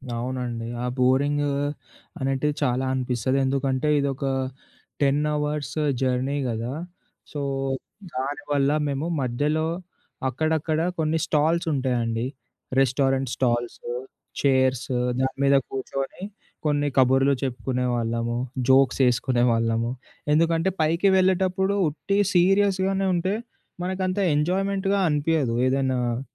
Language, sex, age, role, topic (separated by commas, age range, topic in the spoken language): Telugu, male, 20-24, guest, ట్రెక్కింగ్‌లో సురక్షితంగా ఉండేందుకు మీకు అత్యంత ఉపయోగకరమైన చిట్కాలు ఏవి?